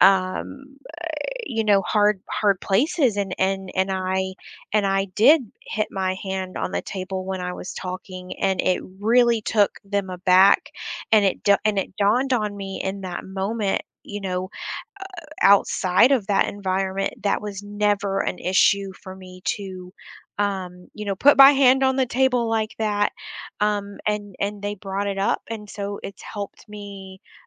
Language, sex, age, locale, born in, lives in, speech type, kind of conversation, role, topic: English, female, 35-39, en-US, United States, United States, conversation, podcast, guest, How can we effectively defuse tense conversations and promote understanding?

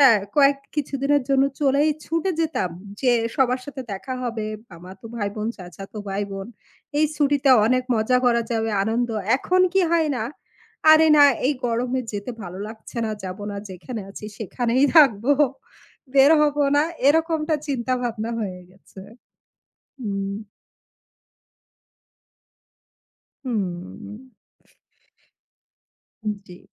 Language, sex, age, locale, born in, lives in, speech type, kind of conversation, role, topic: Bengali, female, 35-39, bn-BD, Bangladesh, Bangladesh, conversation, unstructured, none, আপনি কেন মনে করেন পরিবারের সঙ্গে সময় কাটানো এতটা প্রয়োজনীয়?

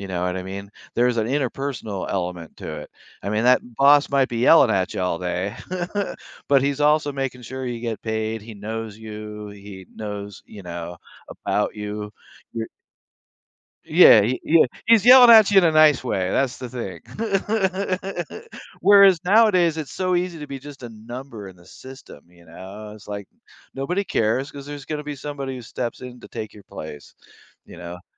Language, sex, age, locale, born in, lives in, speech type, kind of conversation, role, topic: English, male, 60-64, en-US, United States, United States, conversation, advice, advisor, How can I balance work stress with home responsibilities?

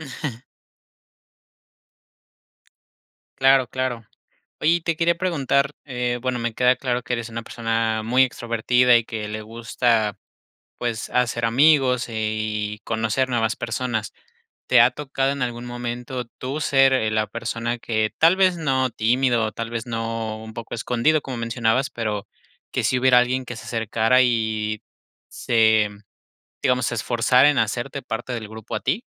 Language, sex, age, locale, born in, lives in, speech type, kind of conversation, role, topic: Spanish, male, 25-29, es-MX, Mexico, Mexico, conversation, podcast, host, ¿Cómo incluir a personas nuevas en un grupo?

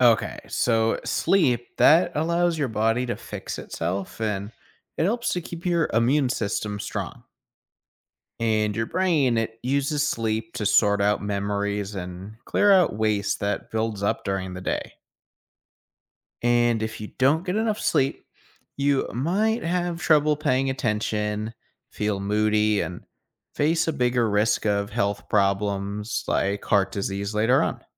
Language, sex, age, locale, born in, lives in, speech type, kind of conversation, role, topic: English, male, 25-29, en-US, United States, United States, read, expert-assistant, expert, Why do people need sleep?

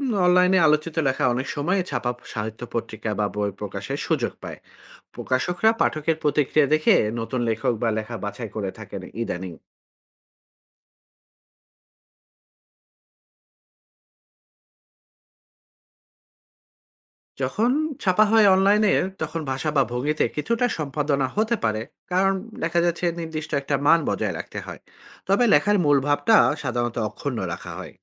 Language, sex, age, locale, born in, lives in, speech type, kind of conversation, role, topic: Bengali, male, 40-44, bn-BD, Bangladesh, Finland, read, expert-assistant, expert, আজকের লেখকদের লেখা পড়তে চাইলে কোথায় খুঁজবো বা কোন ম্যাগাজিনে বেশি ভালো লেখা পাওয়া যায়?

